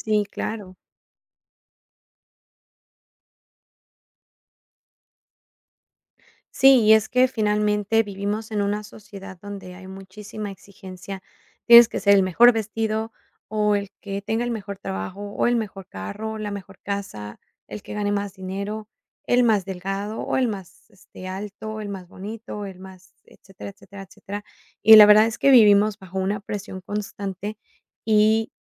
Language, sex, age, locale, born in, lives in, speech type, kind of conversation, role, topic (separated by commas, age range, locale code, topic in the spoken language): Spanish, female, 35-39, es-MX, Mexico, Mexico, conversation, podcast, host, ¿Cómo aprendes de las decisiones que no salieron bien?